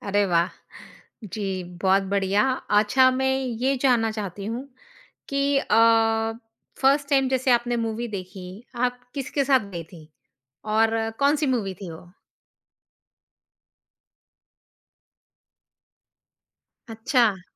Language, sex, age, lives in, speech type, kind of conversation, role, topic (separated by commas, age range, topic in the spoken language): Hindi, female, 45-49, India, conversation, podcast, host, Kya tumhe apna pehla cinema hall ka experience yaad hai, aur woh kaisa tha?